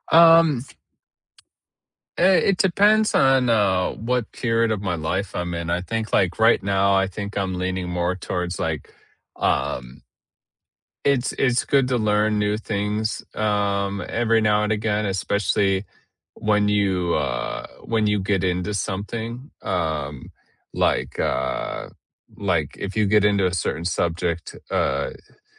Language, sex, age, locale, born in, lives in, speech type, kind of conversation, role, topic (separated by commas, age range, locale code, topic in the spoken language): English, male, 30-34, en-US, United States, United States, conversation, unstructured, none, How do you prefer to learn—by listening, doing, or discussing?